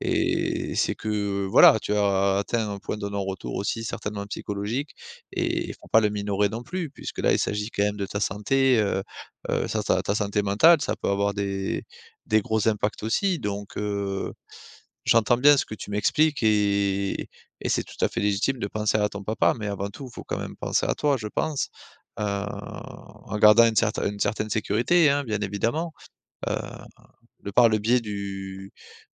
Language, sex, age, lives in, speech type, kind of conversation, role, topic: French, male, 35-39, France, conversation, advice, advisor, Comment puis-je retrouver la tranquillité malgré l’anxiété qui me submerge au quotidien ?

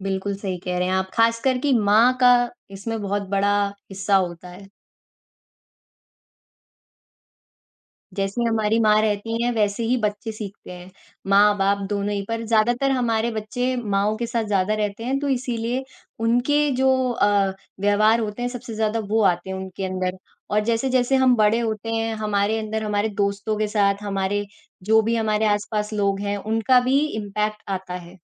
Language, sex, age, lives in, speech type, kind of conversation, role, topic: Hindi, female, 18-19, India, conversation, unstructured, none, आपको सबसे ज़्यादा खुशी कब मिलती है?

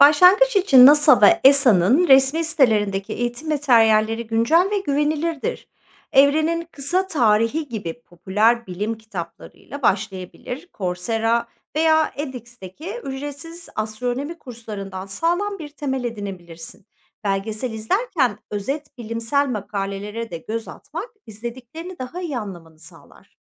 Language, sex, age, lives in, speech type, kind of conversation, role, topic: Turkish, female, 45-49, Netherlands, read, expert-assistant, expert, Uzay keşfiyle ilgili bilgi ve merakımı geliştirmek için nereden ve nasıl başlamalıyım?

